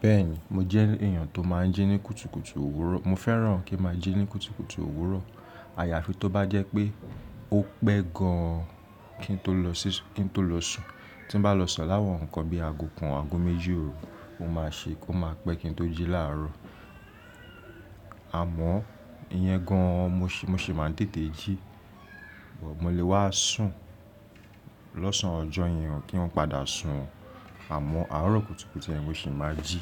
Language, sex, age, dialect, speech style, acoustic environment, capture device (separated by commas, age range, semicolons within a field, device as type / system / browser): Yoruba, male, 25-34, Nigeria - Lagos Yoruba, relaxed, silence, mobile / Linux / Chrome